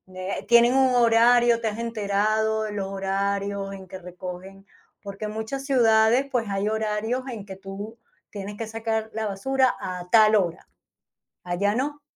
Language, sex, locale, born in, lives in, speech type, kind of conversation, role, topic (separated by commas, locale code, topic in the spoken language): Spanish, female, es-VE, Spain, Spain, conversation, podcast, host, ¿Qué consejos le darías a alguien que acaba de llegar a un barrio nuevo?